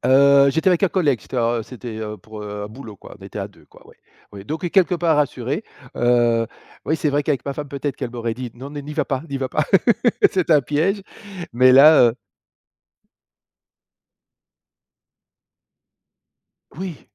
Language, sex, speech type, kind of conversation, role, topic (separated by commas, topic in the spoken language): French, male, conversation, podcast, guest, Peux-tu raconter une histoire où un inconnu t’a aidé de façon inattendue ?